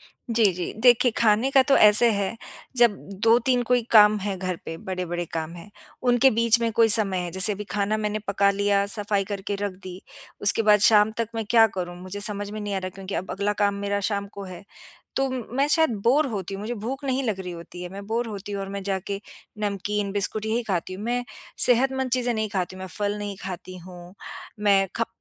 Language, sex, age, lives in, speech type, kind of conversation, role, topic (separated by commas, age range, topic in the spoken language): Hindi, female, 50-54, United States, conversation, advice, user, कब और कैसे आपने खाने या खरीदारी जैसे छोटे-छोटे व्यसनों पर अपना नियंत्रण खो दिया?